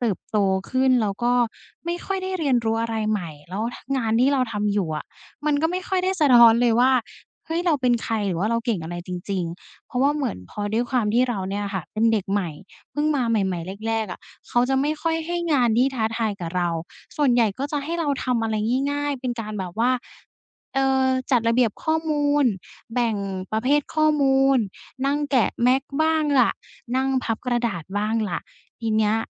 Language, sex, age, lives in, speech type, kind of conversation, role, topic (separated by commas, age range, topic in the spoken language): Thai, female, 20-24, Thailand, conversation, advice, user, ฉันจะเชื่อมต่อกับคุณค่าในตัวเองได้อย่างไรเมื่อรู้สึกว่าคุณค่ามาจากผลงาน?